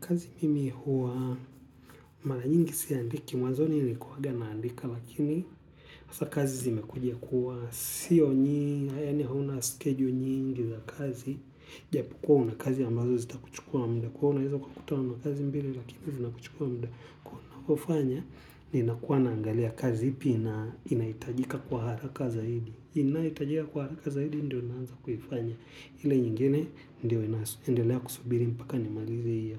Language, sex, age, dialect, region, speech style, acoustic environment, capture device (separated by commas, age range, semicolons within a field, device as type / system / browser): Swahili, male, 25-34, Tanzania - Dar es Salaam, Tanzania, relaxed, people talking; music; silence, mobile / Linux / Chrome